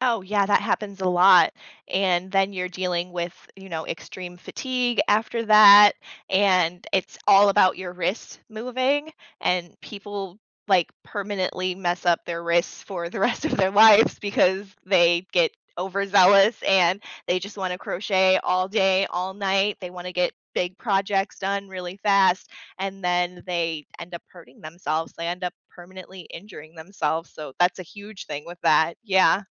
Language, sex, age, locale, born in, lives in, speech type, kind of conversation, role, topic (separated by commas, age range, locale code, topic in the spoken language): English, female, 30-34, en-US, United States, United States, conversation, podcast, guest, What helps people stay motivated and enjoy learning something new?